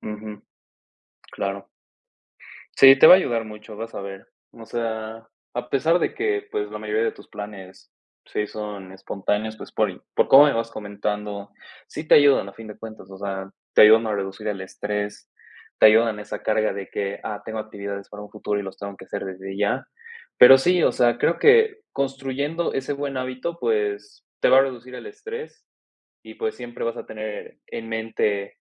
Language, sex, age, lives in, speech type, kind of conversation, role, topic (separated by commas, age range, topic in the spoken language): Spanish, male, 20-24, Mexico, conversation, advice, advisor, ¿Cómo puedo dejar de sentirme abrumado por los plazos y mejorar mi gestión del tiempo?